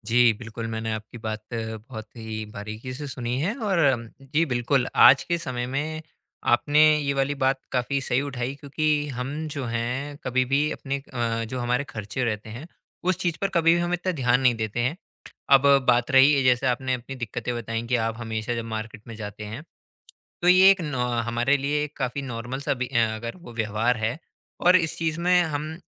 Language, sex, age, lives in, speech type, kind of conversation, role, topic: Hindi, male, 20-24, India, conversation, advice, advisor, मैं खरीदारी के लिए सही बजट कैसे बनाऊँ?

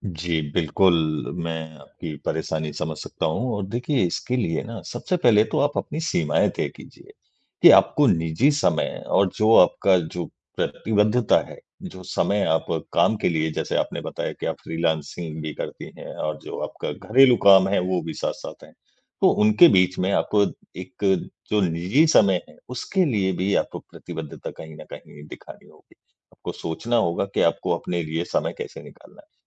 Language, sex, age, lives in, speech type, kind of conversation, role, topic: Hindi, male, 35-39, India, conversation, advice, advisor, स्वतंत्रता और प्रतिबद्धता के बीच संतुलन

